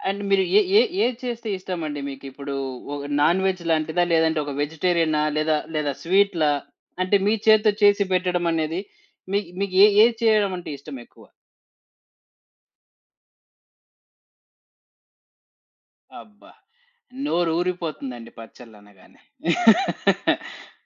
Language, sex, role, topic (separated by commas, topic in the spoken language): Telugu, male, host, అతిథుల కోసం ఇంటిని సిద్ధం చేసుకోవడానికి మీరు పాటించే సులభమైన చిట్కాలు ఏమిటి?